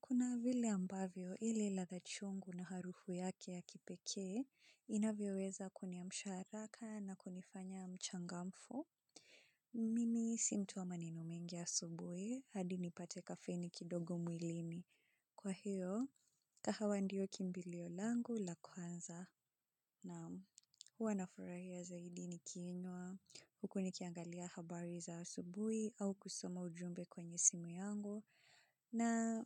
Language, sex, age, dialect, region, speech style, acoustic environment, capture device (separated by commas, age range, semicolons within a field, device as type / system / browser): Swahili, female, 25-34, Kenya - Nairobi Sheng-influenced, Kenya, relaxed; focused; excited, silence; people talking; appliances, mobile / Linux / Chrome